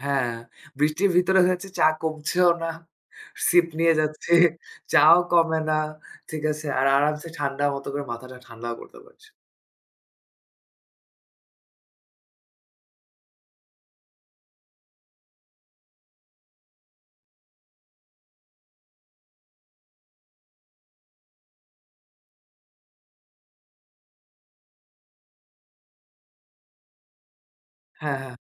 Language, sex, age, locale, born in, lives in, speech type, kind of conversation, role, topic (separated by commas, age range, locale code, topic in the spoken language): Bengali, male, 25-29, bn-BD, Bangladesh, Bangladesh, conversation, unstructured, none, কঠিন সময়ে আপনি কীভাবে নিজেকে শান্ত রাখেন?